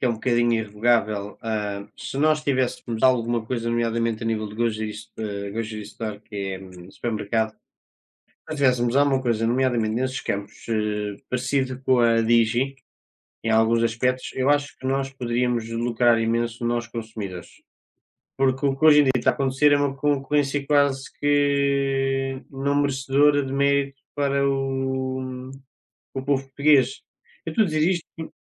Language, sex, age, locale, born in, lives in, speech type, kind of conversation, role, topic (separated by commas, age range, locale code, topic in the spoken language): Portuguese, male, 20-24, pt-PT, Portugal, Portugal, conversation, unstructured, none, Quais melhorias você gostaria de ver nas políticas de emprego?